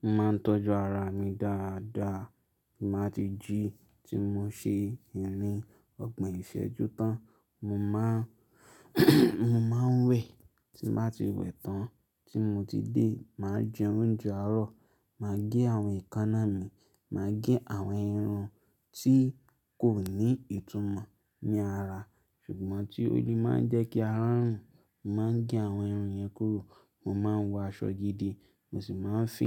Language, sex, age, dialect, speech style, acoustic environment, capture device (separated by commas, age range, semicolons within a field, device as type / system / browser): Yoruba, male, 25-34, Nigeria - Lagos Yoruba, happy, silence, mobile / Linux / Chrome